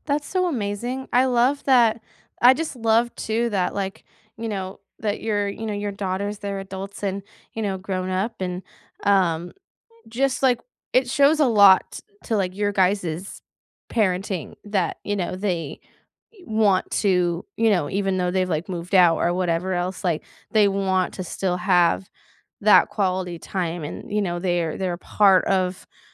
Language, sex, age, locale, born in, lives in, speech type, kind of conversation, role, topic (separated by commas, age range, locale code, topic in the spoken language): English, female, 20-24, en-US, United States, United States, conversation, unstructured, none, What everyday rituals help you feel close to loved ones?